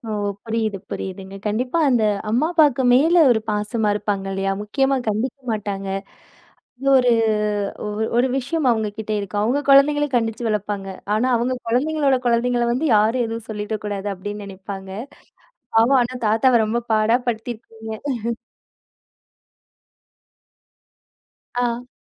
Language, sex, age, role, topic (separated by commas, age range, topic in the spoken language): Tamil, female, 30-34, host, தாத்தா-பாட்டி கால நினைவுகளை எங்களுடன் பகிர்வீர்களா?